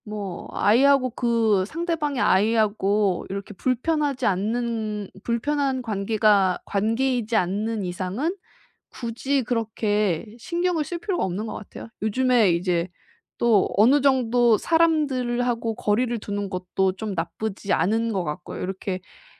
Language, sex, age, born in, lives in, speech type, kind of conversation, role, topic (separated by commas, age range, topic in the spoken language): Korean, female, 30-34, South Korea, Japan, conversation, advice, advisor, 거절을 당했을 때 어떻게 빨리 회복하고 자신감을 되찾을 수 있을까요?